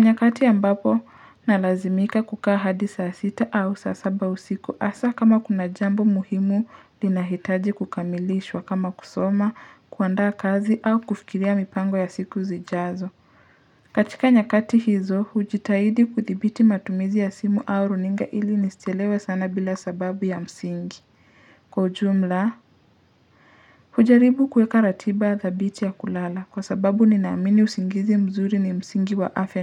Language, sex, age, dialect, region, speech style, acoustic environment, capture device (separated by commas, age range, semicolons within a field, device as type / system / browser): Swahili, male, 25-34, Kenya - Nairobi Sheng-influenced, Kenya, relaxed, silence, mobile / Linux / Chrome